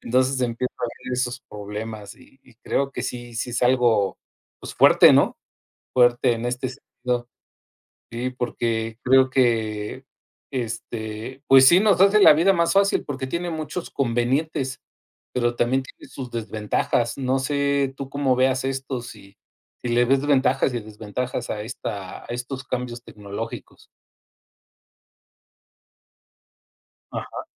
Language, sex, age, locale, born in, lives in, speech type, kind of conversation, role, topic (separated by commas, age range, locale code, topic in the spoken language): Spanish, male, 50-54, es-MX, Mexico, Mexico, conversation, unstructured, none, ¿De qué manera la tecnología ha facilitado tu vida diaria?